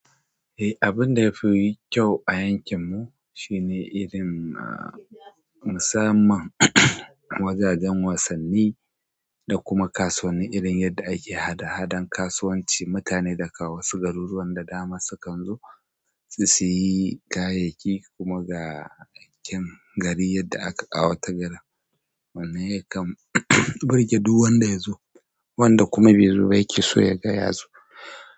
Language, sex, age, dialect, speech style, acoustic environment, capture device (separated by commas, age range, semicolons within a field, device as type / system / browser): Hausa, male, 25-34, Nigeria - Kano, relaxed; happy, silence; people talking, mobile / Android / Chrome